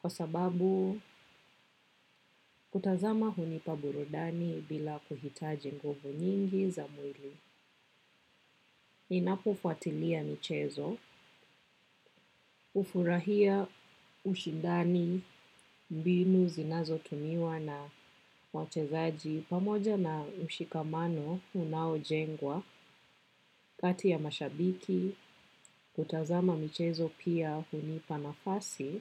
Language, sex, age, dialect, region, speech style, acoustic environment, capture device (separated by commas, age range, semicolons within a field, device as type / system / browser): Swahili, female, 25-34, Kenya - Nairobi Sheng-influenced, Kenya, relaxed, nature, desktop / macOS / Chrome